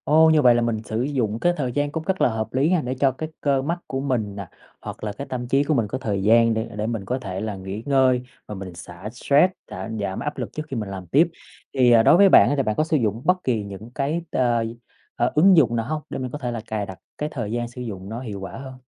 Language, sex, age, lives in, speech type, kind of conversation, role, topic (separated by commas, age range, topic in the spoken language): Vietnamese, male, 30-34, Vietnam, conversation, podcast, host, Làm sao để đặt giới hạn thời gian dùng màn hình hiệu quả?